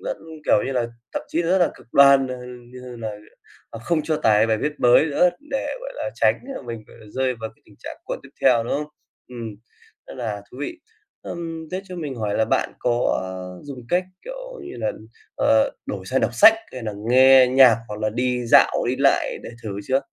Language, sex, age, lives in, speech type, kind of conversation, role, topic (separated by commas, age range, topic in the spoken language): Vietnamese, male, 25-29, Vietnam, conversation, podcast, host, Bạn có mẹo nào để tránh lướt mạng vô thức không?